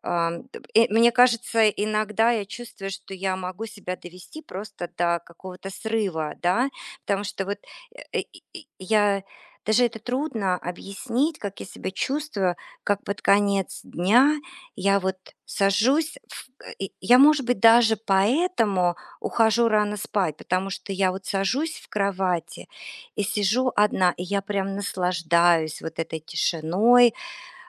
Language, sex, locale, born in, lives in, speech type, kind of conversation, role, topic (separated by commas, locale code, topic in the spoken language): Russian, female, ru-RU, Russia, United States, conversation, advice, user, Как найти баланс между личным пространством и совместным временем в новых отношениях?